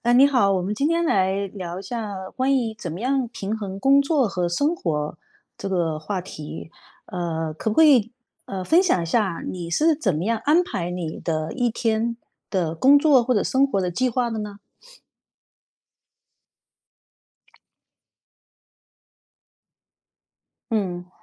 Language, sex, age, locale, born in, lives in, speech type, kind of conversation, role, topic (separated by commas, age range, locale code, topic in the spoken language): Chinese, female, 50-54, zh-CN, China, United States, conversation, podcast, host, 你是如何平衡工作与生活的？